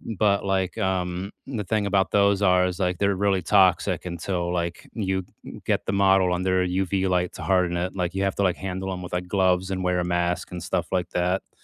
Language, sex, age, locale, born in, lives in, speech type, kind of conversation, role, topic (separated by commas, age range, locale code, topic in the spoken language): English, male, 30-34, en-US, United States, United States, conversation, unstructured, none, What new hobby have you started recently, and how is it going so far?